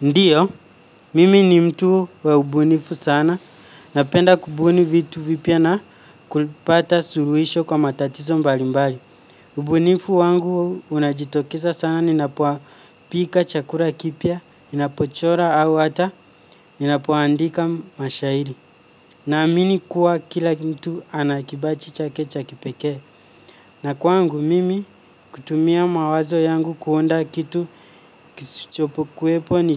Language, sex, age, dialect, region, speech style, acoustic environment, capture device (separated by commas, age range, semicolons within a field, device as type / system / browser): Swahili, male, 18-24, Kenya - Nairobi Sheng-influenced, Kenya, focused, nature; silence, mobile / Linux / Chrome